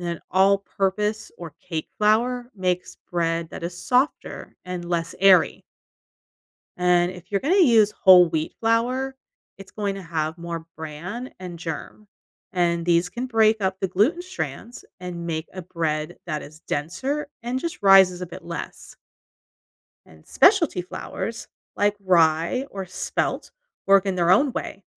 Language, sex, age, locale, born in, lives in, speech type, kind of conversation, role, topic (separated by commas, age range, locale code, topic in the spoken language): English, female, 40-44, en-US, United States, United States, read, expert-assistant, expert, Why does bread rise during baking?